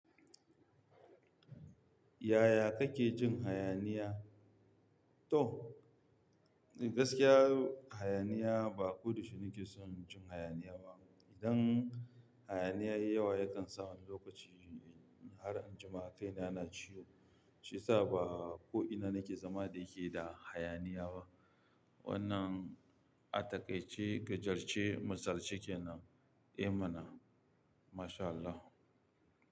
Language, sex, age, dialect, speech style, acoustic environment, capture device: Hausa, male, 25-34, Nigeria - Kano, happy, music; people talking; traffic, mobile / Linux / Chrome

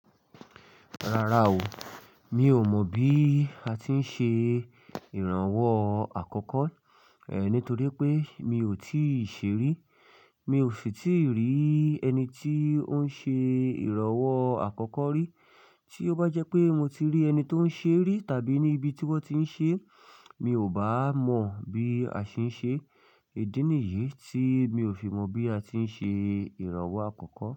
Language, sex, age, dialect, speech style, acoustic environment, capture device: Yoruba, male, 25-34, Nigeria - Ibadan, focused; relaxed, silence, mobile / Linux / Chrome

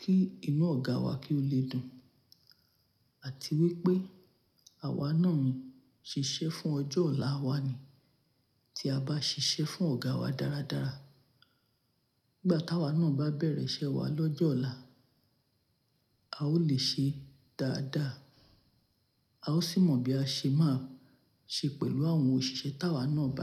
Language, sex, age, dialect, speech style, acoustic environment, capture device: Yoruba, female, 45-54, Nigeria - Nigerian Standard, happy, nature, mobile / Linux / Chrome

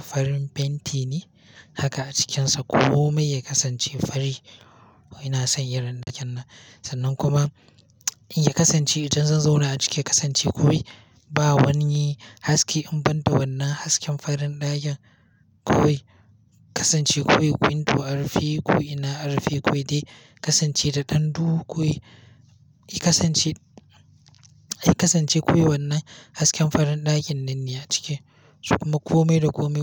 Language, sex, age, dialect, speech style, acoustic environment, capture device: Hausa, male, 18-24, Nigeria - Kano, happy, nature; silence; appliances, mobile / Linux / Chrome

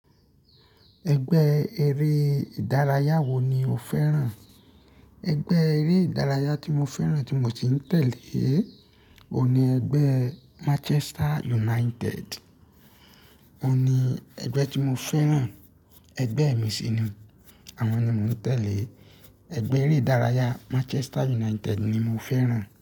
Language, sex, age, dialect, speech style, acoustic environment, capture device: Yoruba, male, 25-34, Nigeria - Ibadan, relaxed, traffic; nature, mobile / Linux / Chrome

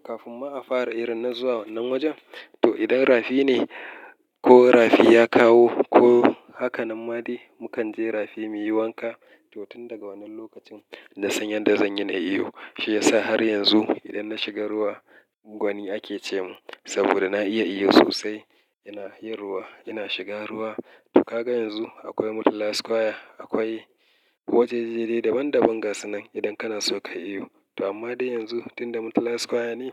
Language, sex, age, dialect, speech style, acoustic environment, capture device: Hausa, male, 35-44, Nigeria - Kano, relaxed; happy; excited, nature, mobile / Linux / Chrome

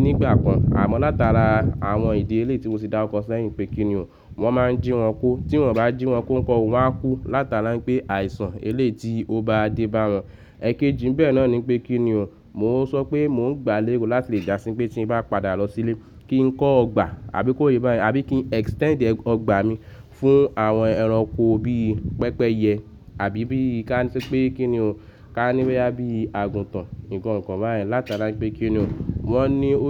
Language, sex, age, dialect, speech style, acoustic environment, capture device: Yoruba, male, 25-34, Nigeria - Lagos Yoruba, nervous, nature, mobile / Linux / Chrome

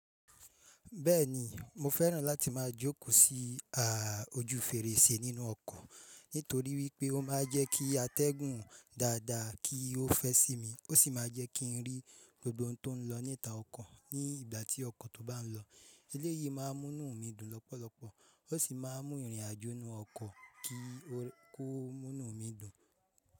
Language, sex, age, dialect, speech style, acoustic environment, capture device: Yoruba, male, 25-34, Nigeria - Ibadan, happy; focused; excited, nature, mobile / Linux / Chrome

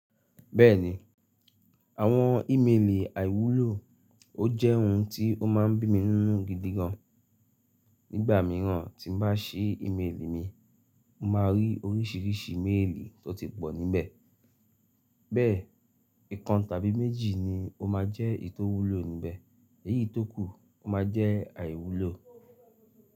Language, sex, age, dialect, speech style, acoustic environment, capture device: Yoruba, male, 35-44, Nigeria - Lagos Yoruba, happy, nature, mobile / Linux / Chrome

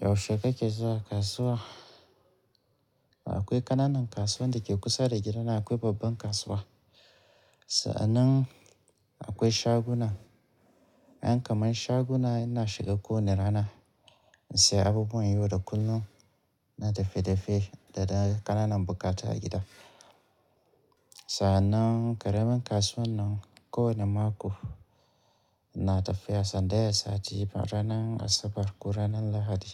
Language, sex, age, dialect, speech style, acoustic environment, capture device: Hausa, male, 35-44, Nigeria - Kano, relaxed, nature; people talking; appliances, mobile / Linux / Chrome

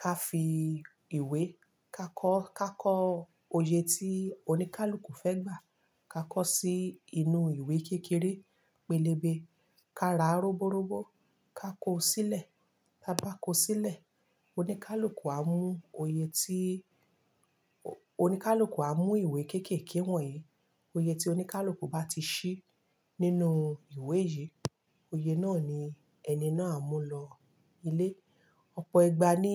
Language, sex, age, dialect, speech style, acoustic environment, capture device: Yoruba, female, 35-44, Nigeria - Lagos Yoruba, happy, nature, mobile / Linux / Chrome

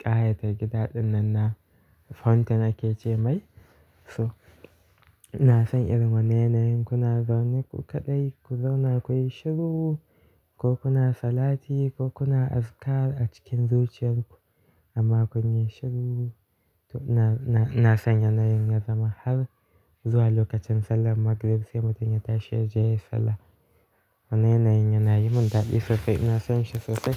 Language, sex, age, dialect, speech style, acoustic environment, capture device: Hausa, male, 18-24, Nigeria - Kano, happy; relaxed; focused, nature; silence, mobile / Linux / Chrome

